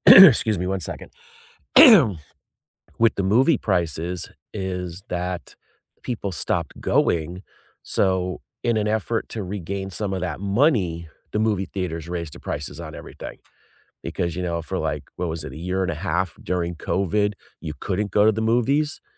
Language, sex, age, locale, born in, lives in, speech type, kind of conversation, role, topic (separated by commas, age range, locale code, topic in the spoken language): English, male, 50-54, en-US, United States, United States, conversation, unstructured, none, What was the first movie that made you fall in love with going to the theater, and how does the theater experience compare with watching at home?